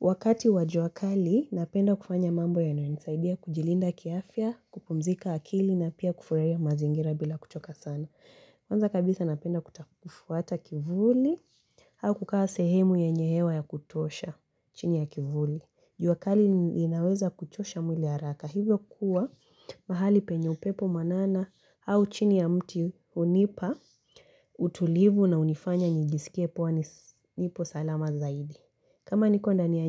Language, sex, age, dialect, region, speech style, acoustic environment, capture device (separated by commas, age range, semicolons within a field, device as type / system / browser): Swahili, female, 18-24, Kenya - Mombasa, Kenya, focused, silence, mobile / Linux / Chrome